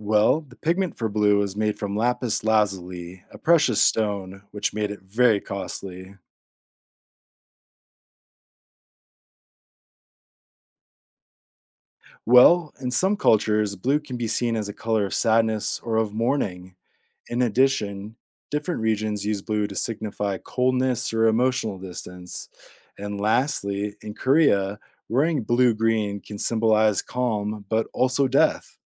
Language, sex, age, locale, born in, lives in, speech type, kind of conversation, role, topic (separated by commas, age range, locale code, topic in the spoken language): English, male, 30-34, en-US, United States, United States, read, expert-assistant, expert, What is the significance of the color blue in different cultures?